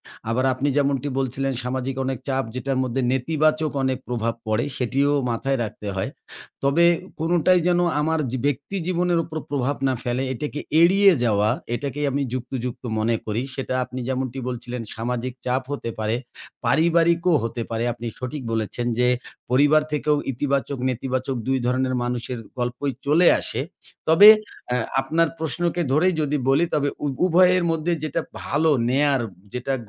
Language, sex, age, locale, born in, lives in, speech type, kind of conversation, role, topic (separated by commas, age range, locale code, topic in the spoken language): Bengali, male, 40-44, bn-BD, Bangladesh, Bangladesh, conversation, podcast, guest, কীভাবে ব্যর্থতাকে শেখার সুযোগ হিসেবে দেখা যায়?